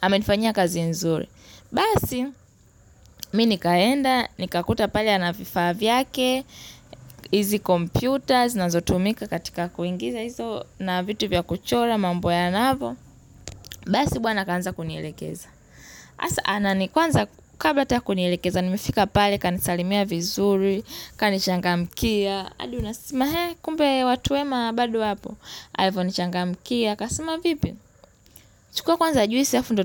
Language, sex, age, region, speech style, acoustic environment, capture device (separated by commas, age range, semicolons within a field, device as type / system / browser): Swahili, female, 18-24, Tanzania, focused, nature, mobile / Linux / Chrome